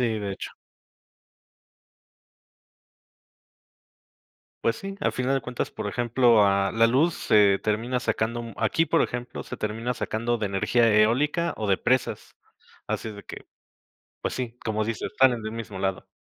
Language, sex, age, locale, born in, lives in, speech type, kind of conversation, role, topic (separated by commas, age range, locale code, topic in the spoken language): Spanish, male, 35-39, es-MX, Mexico, Mexico, conversation, unstructured, none, ¿Has pensado alguna vez qué pasaría si desaparecieran los bosques?